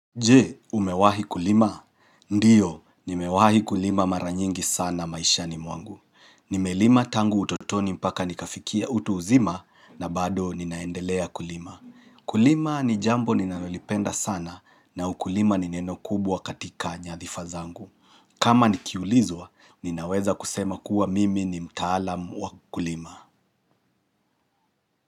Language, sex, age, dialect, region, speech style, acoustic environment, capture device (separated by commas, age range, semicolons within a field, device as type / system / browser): Swahili, male, 35-44, Kenya - Nairobi Sheng-influenced, Kenya, relaxed; excited; happy, silence; nature; appliances, mobile / Linux / Chrome